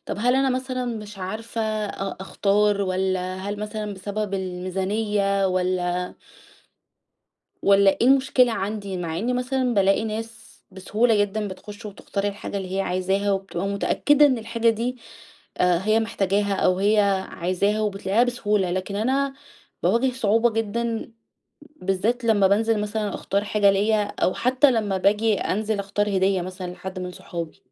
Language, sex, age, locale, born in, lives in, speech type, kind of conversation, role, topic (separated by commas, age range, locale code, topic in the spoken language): Arabic, female, 30-34, ar-EG, Egypt, Egypt, conversation, advice, user, إزاي أتعامل مع إرهاق التسوّق واتخاذ القرارات من غير ما أتوتر؟